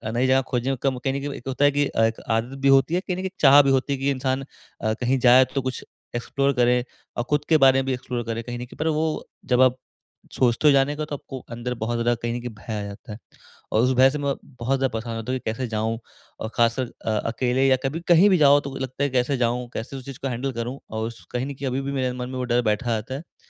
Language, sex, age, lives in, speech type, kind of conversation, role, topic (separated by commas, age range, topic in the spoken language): Hindi, male, 20-24, India, conversation, advice, user, नई जगहें खोजते समय अनिश्चितता और भय का सामना मैं कैसे करूँ?